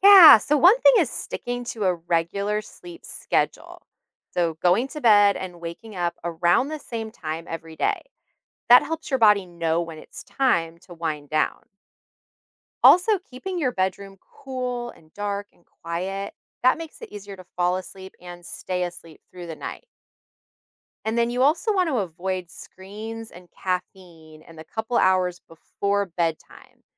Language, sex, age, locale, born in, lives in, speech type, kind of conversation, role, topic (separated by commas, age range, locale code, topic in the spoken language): English, female, 40-44, en-US, United States, United States, read, expert-assistant, expert, Why is it important to get enough sleep each night?